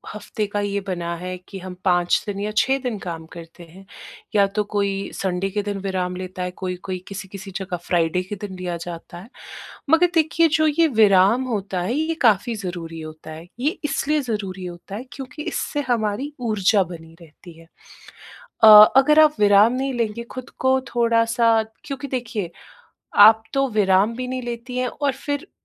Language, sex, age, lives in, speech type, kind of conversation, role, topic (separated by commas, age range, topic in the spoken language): Hindi, female, 30-34, India, conversation, advice, advisor, सुबह में स्थिर ऊर्जा और एकाग्रता कैसे बनाए रखें?